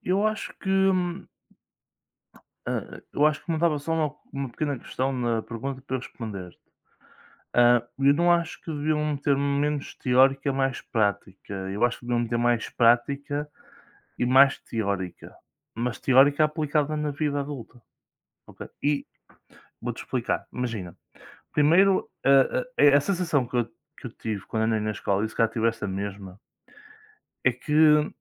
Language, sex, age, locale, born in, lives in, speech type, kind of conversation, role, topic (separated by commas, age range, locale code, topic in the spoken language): Portuguese, male, 20-24, pt-PT, Portugal, Portugal, conversation, unstructured, none, Você acha que a escola prepara bem para a vida adulta?